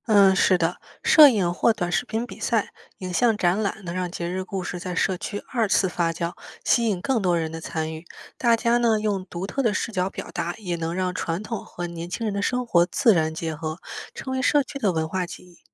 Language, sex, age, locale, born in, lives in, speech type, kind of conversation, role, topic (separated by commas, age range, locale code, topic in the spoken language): Chinese, female, 25-29, zh-CN, China, United States, read, expert-assistant, expert, 你觉得我们可以用哪些新方法，让不同地方的节日和习俗被更多人了解和喜欢？